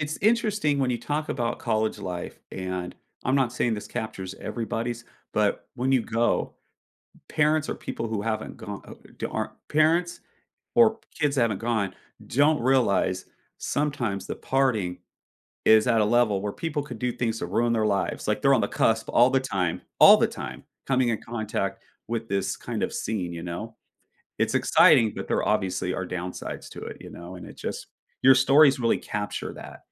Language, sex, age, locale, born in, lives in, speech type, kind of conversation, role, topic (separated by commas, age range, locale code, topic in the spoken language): English, male, 45-49, en-US, United States, United States, conversation, podcast, host, How have important decisions shaped the direction of your life?